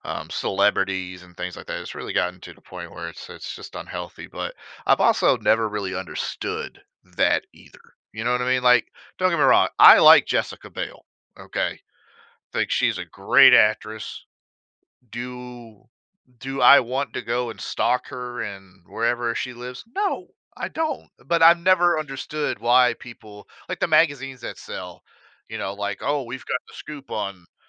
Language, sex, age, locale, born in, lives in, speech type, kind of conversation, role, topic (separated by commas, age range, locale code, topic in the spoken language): English, male, 30-34, en-US, United States, United States, conversation, podcast, host, How has social media transformed the way we discover and interact with entertainment?